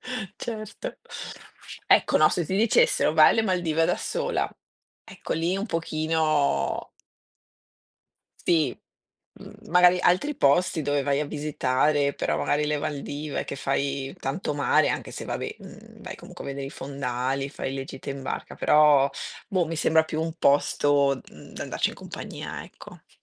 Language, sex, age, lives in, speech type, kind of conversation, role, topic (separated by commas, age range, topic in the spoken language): Italian, female, 50-54, Italy, conversation, unstructured, none, Preferisci viaggiare da solo o in compagnia?